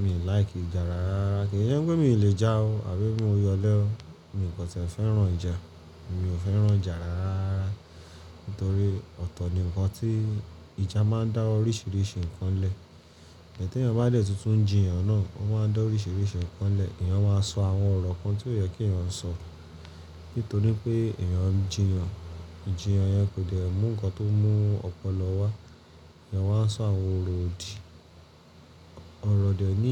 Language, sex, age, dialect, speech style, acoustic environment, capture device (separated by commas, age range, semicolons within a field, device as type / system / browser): Yoruba, male, 25-34, Nigeria - Lagos Yoruba, tired; relaxed; focused, appliances, mobile / Linux / Chrome